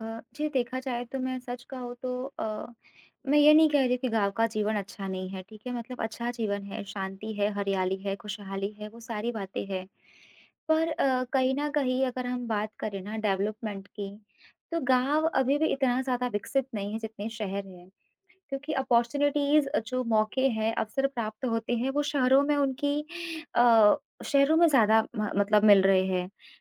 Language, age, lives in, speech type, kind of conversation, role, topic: Hindi, 25-29, India, conversation, advice, user, आप बुजुर्ग माता-पिता की देखभाल के लिए अपने जीवन में बदलाव करने का निर्णय कैसे लें?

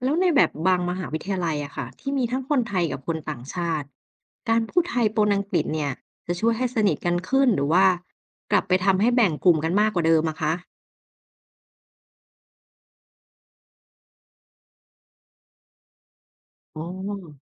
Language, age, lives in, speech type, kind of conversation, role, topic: Thai, 30-34, Thailand, read, expert-assistant, asker, การพูดภาษาไทยปนภาษาอังกฤษในชีวิตประจำวัน มีข้อดีข้อเสียอะไรบ้างกับการสื่อสารในสังคมไทย?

